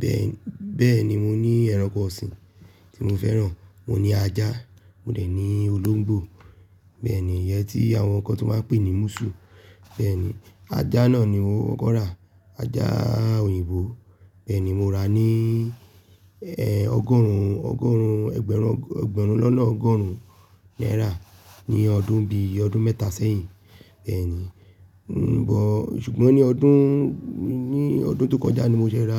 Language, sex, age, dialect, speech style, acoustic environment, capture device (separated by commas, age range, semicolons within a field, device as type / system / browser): Yoruba, male, 25-34, Nigeria - Nigerian Standard, relaxed, nature, desktop / Linux / Chrome